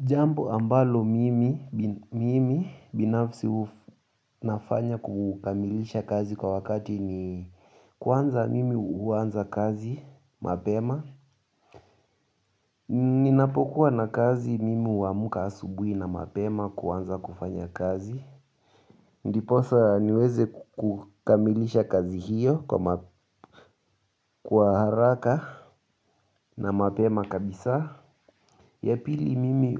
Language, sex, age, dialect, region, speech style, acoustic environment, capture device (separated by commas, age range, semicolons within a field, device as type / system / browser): Swahili, male, 18-24, Kenya - Nairobi Sheng-influenced, Kenya, nervous, silence, mobile / Linux / Chrome